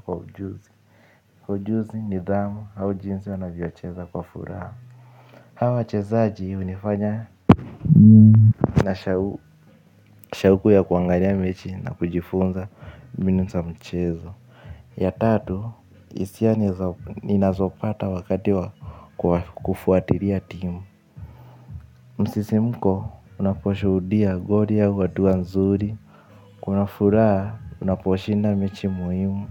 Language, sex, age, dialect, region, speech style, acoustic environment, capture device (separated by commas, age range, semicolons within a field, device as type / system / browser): Swahili, male, 18-24, Kenya - Nairobi Sheng-influenced, Kenya, excited; focused, nature, mobile / Linux / Chrome